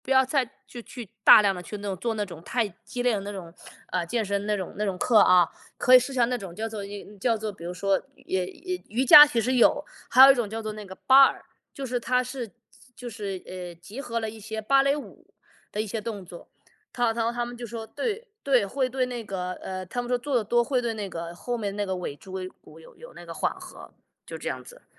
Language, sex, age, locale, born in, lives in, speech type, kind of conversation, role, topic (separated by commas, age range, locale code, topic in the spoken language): Chinese, male, 35-39, zh-CN, United States, United States, conversation, unstructured, none, 你会因为担心健康问题而开始锻炼吗？